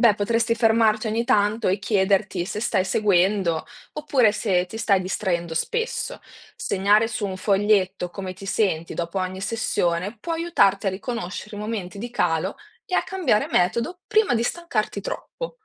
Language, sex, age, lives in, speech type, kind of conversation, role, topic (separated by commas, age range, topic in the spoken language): Italian, female, 20-24, Italy, read, expert-assistant, expert, Quali strategie pratiche aiutano davvero a ricordare ciò che si studia?